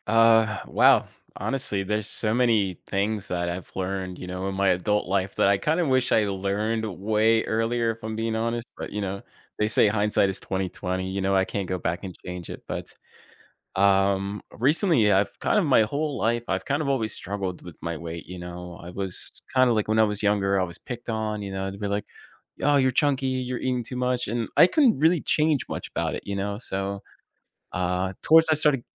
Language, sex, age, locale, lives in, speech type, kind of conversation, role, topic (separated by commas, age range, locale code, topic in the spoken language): English, male, 30-34, en-US, United States, conversation, podcast, guest, What daily routines or practices have made the biggest difference in your personal development?